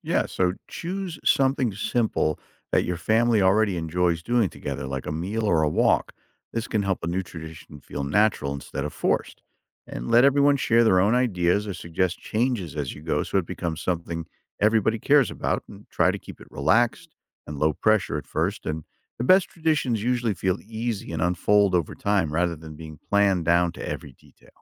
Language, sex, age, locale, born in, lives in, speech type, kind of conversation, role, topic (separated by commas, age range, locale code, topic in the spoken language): English, male, 55-59, en-US, United States, United States, read, expert-assistant, expert, How do traditions strengthen family bonds?